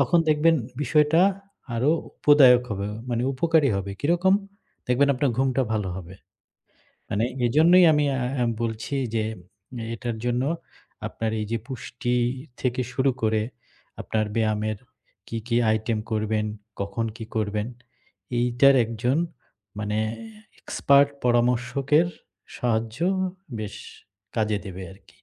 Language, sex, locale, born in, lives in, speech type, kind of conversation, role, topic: Bengali, male, bn-BD, Bangladesh, Bangladesh, conversation, advice, advisor, অতিরিক্ত ব্যায়ামের কারণে ক্লান্তি ও বারবার পেশীতে ব্যথা হলে কী করা উচিত?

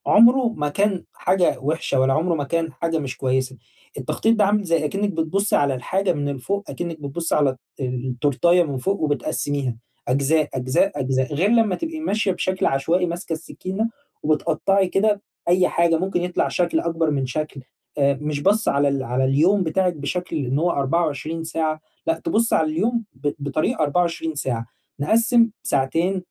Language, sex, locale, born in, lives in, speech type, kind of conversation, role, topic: Arabic, male, ar-EG, Egypt, Egypt, conversation, advice, advisor, إزاي أوازن بين فترات التركيز والراحة من غير ما أحس بإرهاق؟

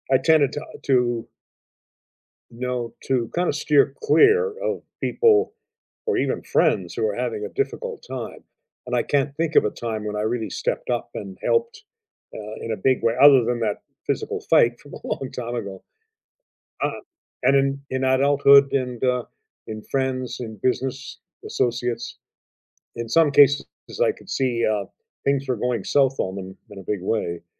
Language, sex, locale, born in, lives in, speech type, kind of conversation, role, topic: English, male, en-CA, Canada, United States, conversation, unstructured, none, What life lesson did you learn the hard way?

